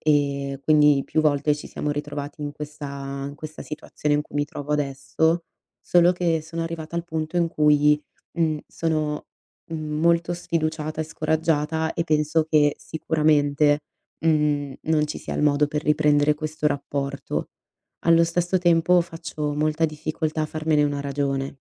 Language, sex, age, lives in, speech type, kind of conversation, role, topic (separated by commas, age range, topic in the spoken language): Italian, female, 25-29, Italy, conversation, advice, user, Come posso trovare una chiusura anche senza un confronto finale?